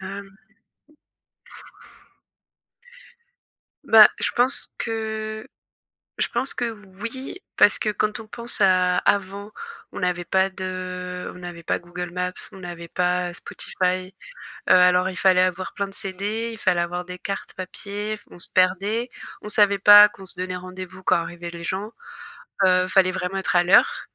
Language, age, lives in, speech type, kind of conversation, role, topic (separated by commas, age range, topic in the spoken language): French, 25-29, France, conversation, unstructured, none, Qu’est-ce qui te frustre le plus avec la technologie au quotidien ?